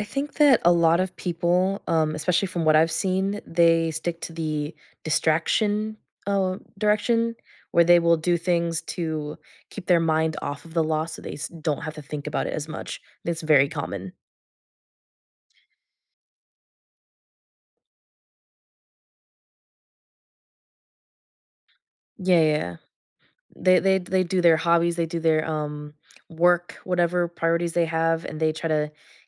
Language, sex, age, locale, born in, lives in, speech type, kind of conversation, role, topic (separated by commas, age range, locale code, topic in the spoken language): English, female, 20-24, en-US, United States, United States, conversation, podcast, guest, How do people find healthy ways to cope with grief and loss?